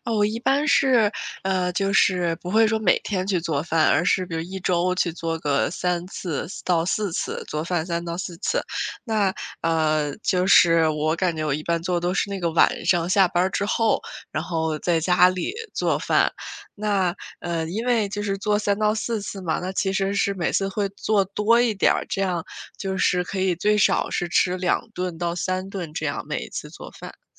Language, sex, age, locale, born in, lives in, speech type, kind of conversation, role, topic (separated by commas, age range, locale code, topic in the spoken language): Chinese, female, 25-29, zh-CN, China, United States, conversation, podcast, guest, 你平时做饭有哪些习惯和心得？